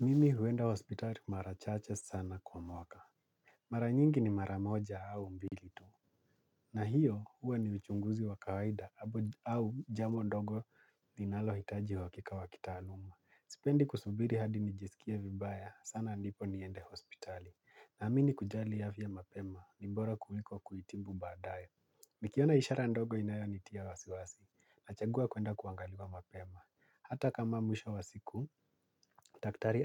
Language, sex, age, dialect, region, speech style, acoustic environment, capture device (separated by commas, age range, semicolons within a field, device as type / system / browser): Swahili, male, 25-34, Kenya - Nairobi Sheng-influenced, Kenya, relaxed, nature; silence; appliances, mobile / Linux / Chrome